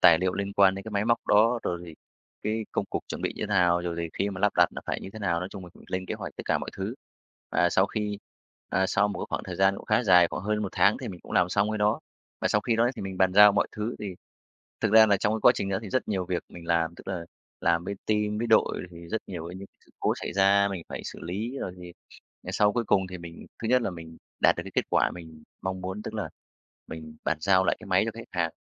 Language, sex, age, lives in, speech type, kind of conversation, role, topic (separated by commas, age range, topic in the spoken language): Vietnamese, male, 35-39, Vietnam, conversation, podcast, guest, Bạn định nghĩa thành công trong công việc như thế nào nhỉ?